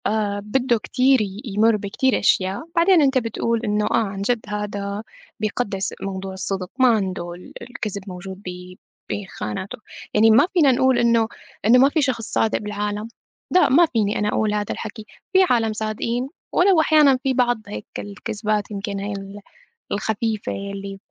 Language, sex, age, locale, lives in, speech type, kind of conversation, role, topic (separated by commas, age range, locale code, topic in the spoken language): Arabic, female, 25-29, ar-SY, United States, conversation, unstructured, none, إيه اللي في رأيك بيخلّي الشخص صادق؟